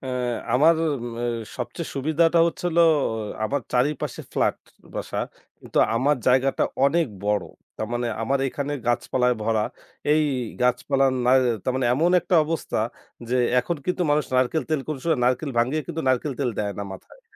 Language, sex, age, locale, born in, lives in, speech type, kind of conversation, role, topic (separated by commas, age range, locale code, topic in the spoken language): Bengali, male, 25-29, bn-BD, Bangladesh, Bangladesh, conversation, podcast, guest, শখের কাজগুলো কীভাবে আপনার মানসিক চাপ কমায়?